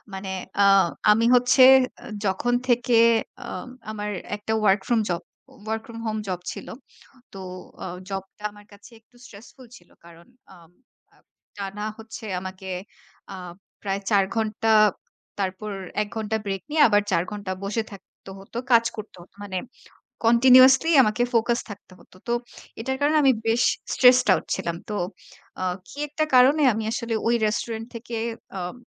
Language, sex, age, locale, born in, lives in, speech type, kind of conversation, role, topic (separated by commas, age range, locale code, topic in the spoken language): Bengali, female, 25-29, bn-BD, Bangladesh, Bangladesh, conversation, podcast, guest, মানসিক চাপ কমাতে আপনি বাড়িতে কোন কোন অভ্যাস মেনে চলেন?